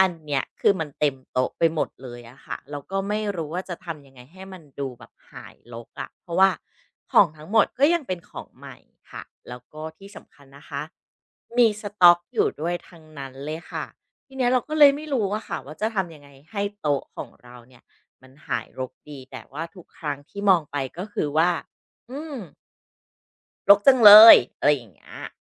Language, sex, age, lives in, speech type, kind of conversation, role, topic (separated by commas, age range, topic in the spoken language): Thai, female, 40-44, Thailand, conversation, advice, user, ฉันควรเริ่มคัดแยกของใช้ประจำวันอย่างไรให้เหลือเฉพาะของจำเป็น?